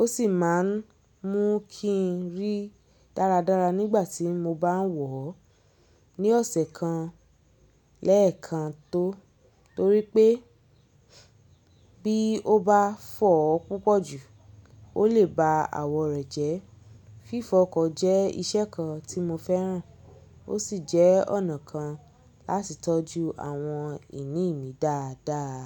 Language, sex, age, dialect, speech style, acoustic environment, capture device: Yoruba, female, 25-34, Nigeria - Lagos Yoruba, happy; focused, people talking; nature, mobile / Linux / Chrome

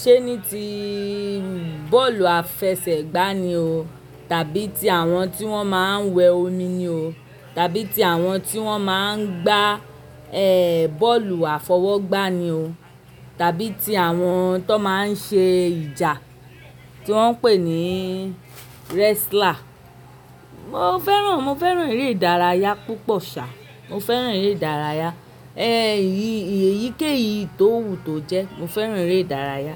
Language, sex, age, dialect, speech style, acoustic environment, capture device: Yoruba, male, 35-44, Nigeria - Lagos Yoruba, happy, people talking; nature, mobile / Linux / Chrome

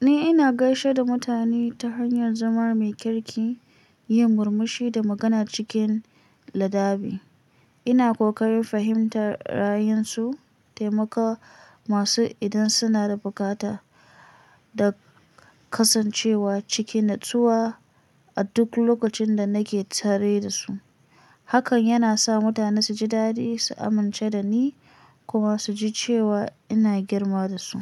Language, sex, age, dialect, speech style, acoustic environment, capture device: Hausa, female, 25-34, Nigeria - Kano, stressed; anxious, silence, mobile / Linux / Chrome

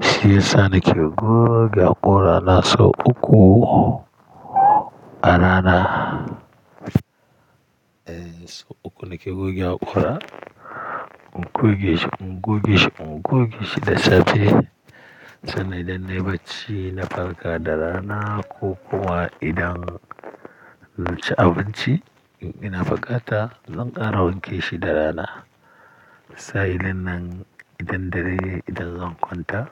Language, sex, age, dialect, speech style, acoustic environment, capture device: Hausa, male, 35-44, Nigeria - Kano, happy, silence, mobile / Linux / Chrome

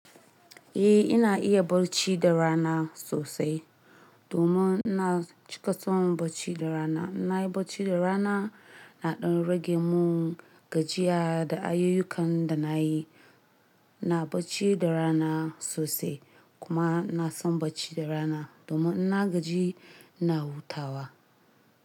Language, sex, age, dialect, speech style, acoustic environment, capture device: Hausa, male, 25-34, Nigeria - Nigerian Standard, nervous, appliances, mobile / Linux / Chrome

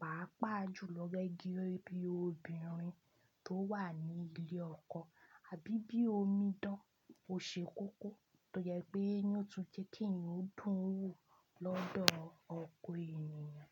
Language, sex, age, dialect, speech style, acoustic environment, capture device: Yoruba, female, 25-34, Nigeria - Ibadan, happy, people talking, desktop / Linux / Chrome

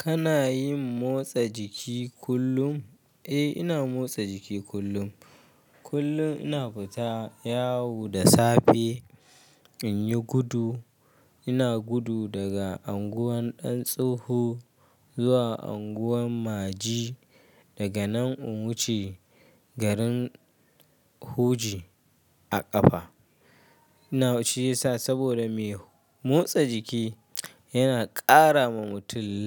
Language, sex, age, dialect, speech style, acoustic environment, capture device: Hausa, male, 25-34, Nigeria - Kano, happy, silence; nature, mobile / Linux / Chrome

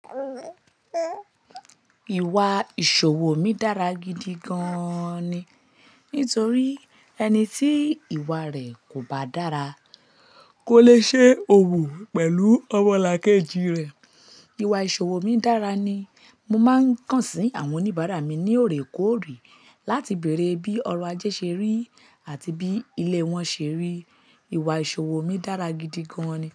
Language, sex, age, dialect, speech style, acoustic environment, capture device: Yoruba, female, 25-34, Nigeria - Lagos Yoruba, tired; stressed, people talking; nature, mobile / Linux / Chrome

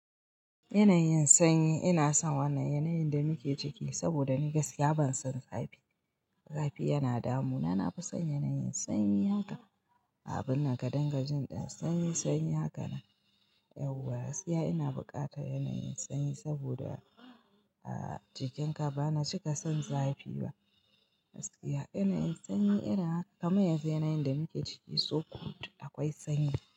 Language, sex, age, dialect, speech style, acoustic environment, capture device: Hausa, female, 25-34, Nigeria - Kano, happy, silence, mobile / Linux / Chrome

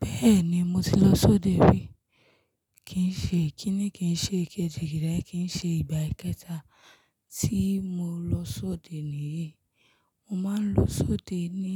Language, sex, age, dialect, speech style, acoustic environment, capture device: Yoruba, female, 25-34, Nigeria - Lagos Yoruba, focused, nature, mobile / Linux / Chrome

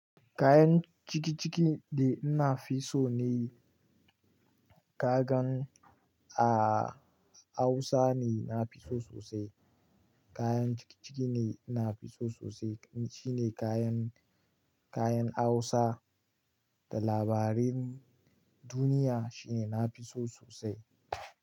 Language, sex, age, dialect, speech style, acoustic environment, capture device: Hausa, male, 18-24, Nigeria - Kano, relaxed, nature, mobile / Linux / Chrome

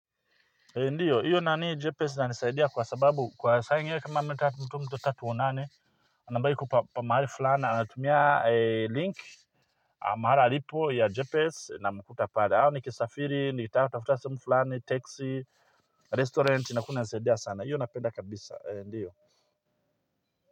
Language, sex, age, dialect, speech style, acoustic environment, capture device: Swahili, male, 45-54, Tanzania - Dar es Salaam, happy; focused, people talking; construction, mobile / Linux / Chrome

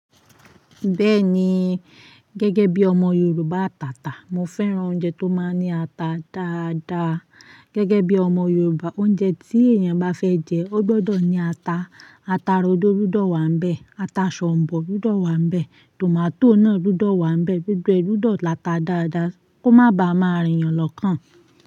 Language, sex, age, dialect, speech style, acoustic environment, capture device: Yoruba, female, 25-34, Nigeria - Ibadan, focused; relaxed, silence, mobile / Linux / Chrome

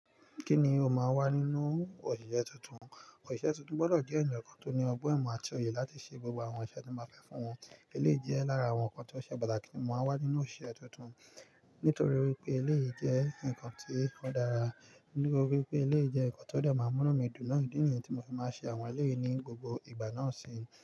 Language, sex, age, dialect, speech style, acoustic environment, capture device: Yoruba, male, 25-34, Nigeria - Nigerian Standard, focused, nature, mobile / Linux / Chrome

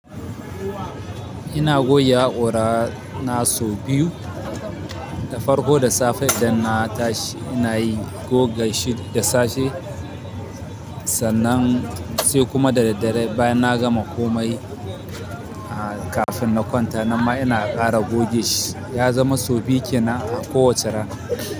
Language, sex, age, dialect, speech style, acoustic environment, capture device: Hausa, male, 25-34, Nigeria - Kano, focused, people talking, mobile / Linux / Chrome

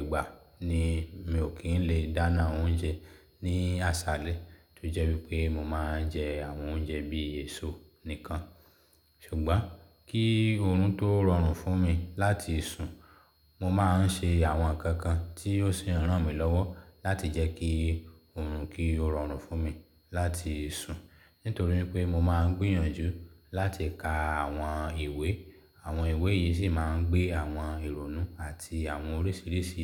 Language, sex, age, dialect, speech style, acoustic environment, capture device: Yoruba, male, 35-44, Nigeria - Lagos Yoruba, happy; excited; focused; relaxed, silence, mobile / Linux / Chrome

